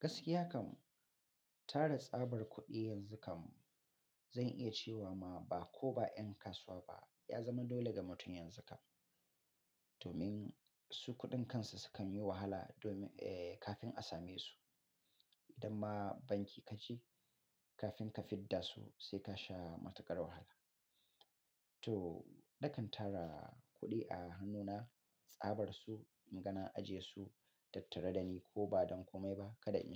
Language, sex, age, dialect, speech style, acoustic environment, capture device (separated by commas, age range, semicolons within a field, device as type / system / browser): Hausa, male, 35-44, Nigeria - Katsina, relaxed; happy; focused; excited, silence, mobile / Linux / Chrome